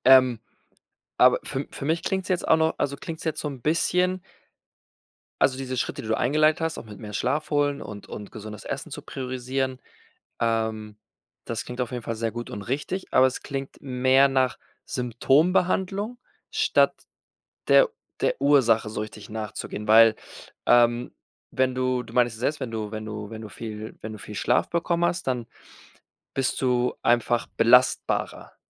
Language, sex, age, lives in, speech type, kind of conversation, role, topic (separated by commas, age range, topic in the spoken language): German, male, 25-29, Spain, conversation, podcast, host, Wie findest du wieder zu dir, wenn alles zu viel wird?